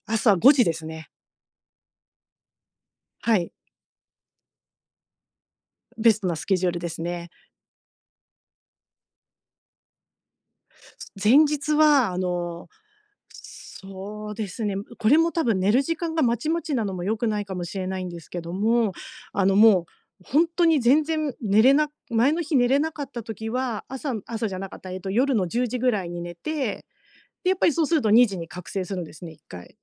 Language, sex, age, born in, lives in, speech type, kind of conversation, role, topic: Japanese, female, 50-54, Japan, Japan, conversation, advice, user, 睡眠の質を改善して朝すっきり起きる方法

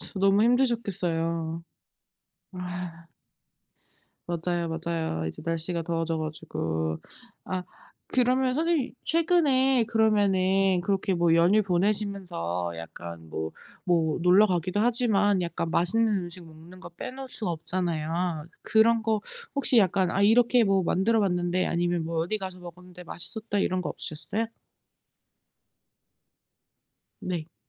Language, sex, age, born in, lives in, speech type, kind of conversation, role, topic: Korean, female, 35-39, South Korea, United States, conversation, unstructured, none, 최근에 맛있게 먹은 음식이 있나요?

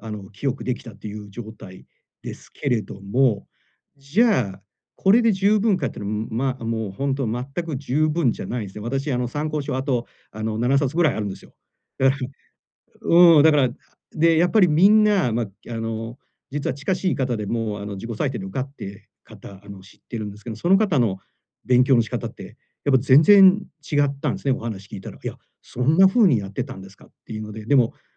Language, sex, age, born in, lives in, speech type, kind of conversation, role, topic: Japanese, male, 45-49, Japan, Japan, conversation, advice, user, 習慣を継続するための責任体制をどう作ればよいですか？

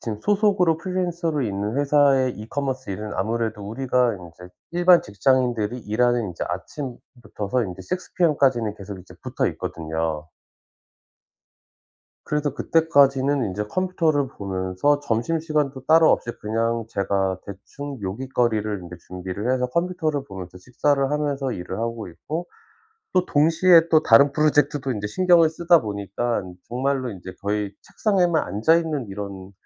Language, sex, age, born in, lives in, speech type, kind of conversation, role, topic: Korean, male, 40-44, South Korea, United States, conversation, advice, user, 정신적 스트레스를 일상에서 어떻게 효과적으로 관리할 수 있을까요?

